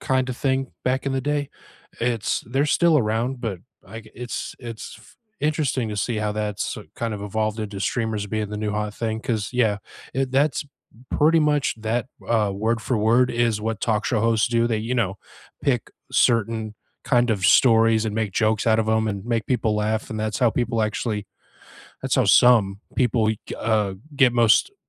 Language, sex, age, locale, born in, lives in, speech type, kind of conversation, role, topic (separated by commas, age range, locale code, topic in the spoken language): English, male, 35-39, en-US, United States, United States, conversation, unstructured, none, What was the last thing that genuinely made you laugh?